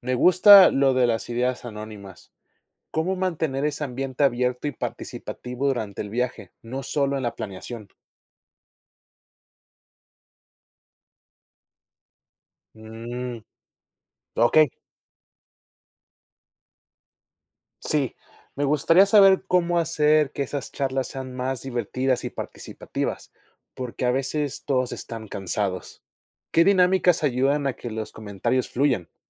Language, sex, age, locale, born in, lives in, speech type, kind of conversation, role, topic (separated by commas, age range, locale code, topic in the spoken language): Spanish, male, 25-29, es-MX, Mexico, United States, read, expert-assistant, asker, ¿Cómo organizar un viaje familiar para que todos disfruten y participen?